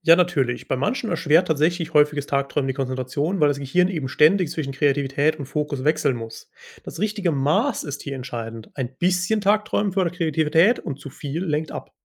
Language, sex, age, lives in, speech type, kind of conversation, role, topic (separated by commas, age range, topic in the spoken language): German, male, 25-29, Germany, read, expert-assistant, expert, Wie kommt es, dass uns manchmal plötzlich kreative Ideen einfallen, während wir andere Male keine Inspiration haben?